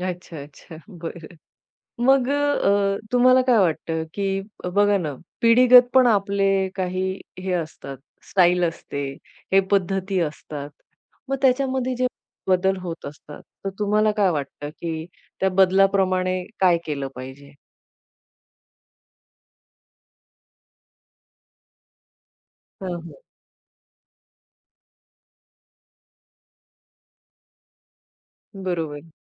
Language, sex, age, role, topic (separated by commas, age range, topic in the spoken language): Marathi, female, 40-44, host, तुमची कपड्यांची शैली तुमची ओळख कशी व्यक्त करते?